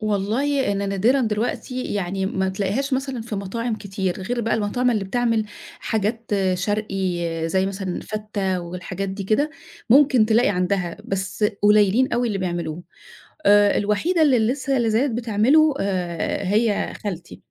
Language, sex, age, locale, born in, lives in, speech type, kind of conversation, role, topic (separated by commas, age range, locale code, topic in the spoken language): Arabic, female, 50-54, ar-EG, Egypt, Egypt, conversation, podcast, guest, احكي لنا عن طبق أكلته وبيفكّرك دايمًا بالبيت؟